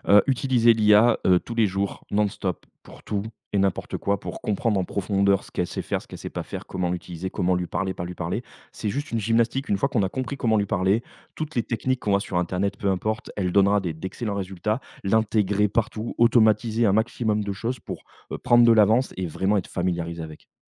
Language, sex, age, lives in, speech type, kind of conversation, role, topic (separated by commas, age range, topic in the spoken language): French, male, 35-39, France, conversation, podcast, guest, Quelle place les petits artisans auront-ils, selon toi, dans un monde ultra-numérique ?